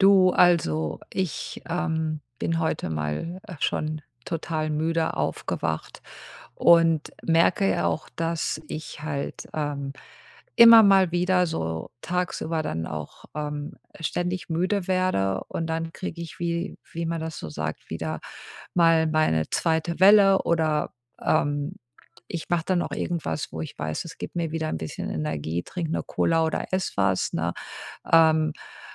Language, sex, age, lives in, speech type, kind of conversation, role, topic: German, female, 50-54, United States, conversation, advice, user, Wie kann ich bei anhaltender Müdigkeit und Energielosigkeit meinen Stress abbauen und meinen Körper entspannen?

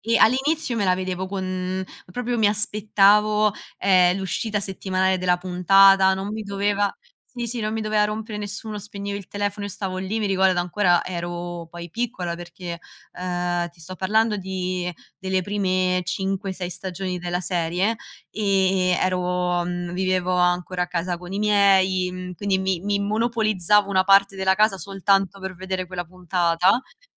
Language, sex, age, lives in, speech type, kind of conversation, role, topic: Italian, female, 25-29, Italy, conversation, podcast, guest, Preferisci i finali aperti o quelli chiusi, e perché?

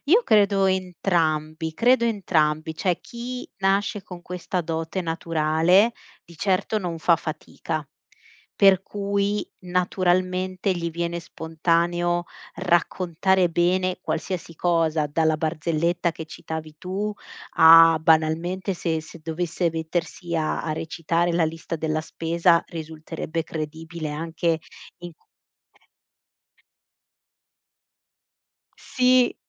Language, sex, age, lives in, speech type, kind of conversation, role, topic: Italian, female, 35-39, Italy, conversation, podcast, guest, In che modo ascoltare migliora il modo di raccontare?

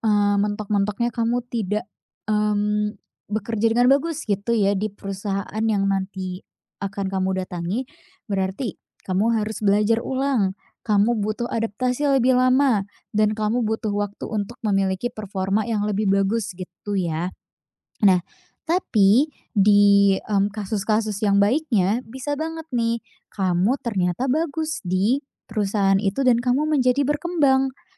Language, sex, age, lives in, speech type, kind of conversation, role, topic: Indonesian, female, 20-24, Indonesia, conversation, advice, advisor, Bagaimana cara mengatasi keraguan untuk mencoba pekerjaan atau peluang baru karena takut gagal?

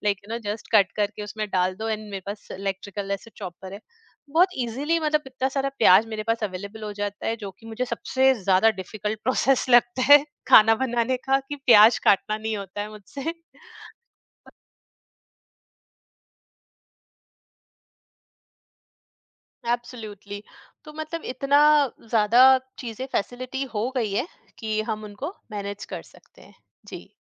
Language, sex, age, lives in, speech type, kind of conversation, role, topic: Hindi, female, 45-49, India, conversation, podcast, guest, Cooking ko jaldi aur bina stress ke kaise handle karte ho, koi simple hacks bata sakte ho?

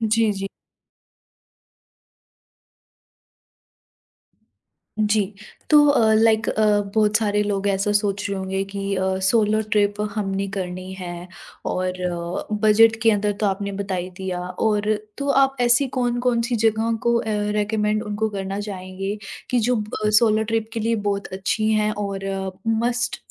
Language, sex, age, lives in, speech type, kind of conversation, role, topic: Hindi, female, 20-24, India, conversation, podcast, host, Budget mein solo travel kaise plan karte ho, tips do?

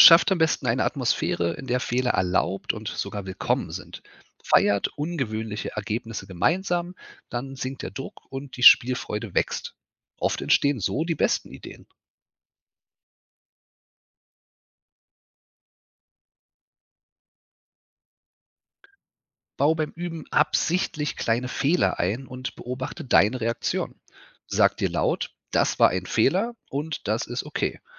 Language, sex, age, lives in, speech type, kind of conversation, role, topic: German, male, 35-39, Germany, read, expert-assistant, expert, Wie kann ich beim Theaterspielen am besten üben, damit ich mich auf der Bühne sicherer fühle?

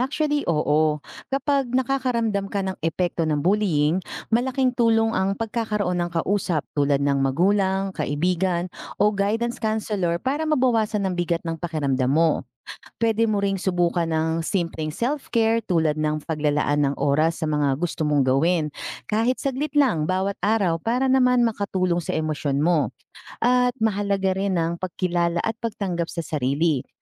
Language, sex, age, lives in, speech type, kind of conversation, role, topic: Filipino, female, 40-44, Philippines, read, expert-assistant, expert, Ano ang epekto ng bullying sa mental health ng kabataan?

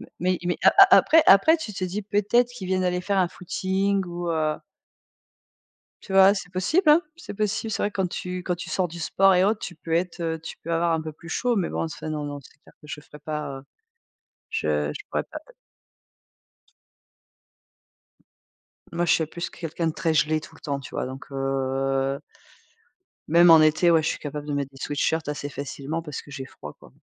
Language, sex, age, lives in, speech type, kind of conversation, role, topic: French, female, 45-49, France, conversation, unstructured, none, Quels critères prenez-vous en compte pour choisir vos vêtements selon la saison ?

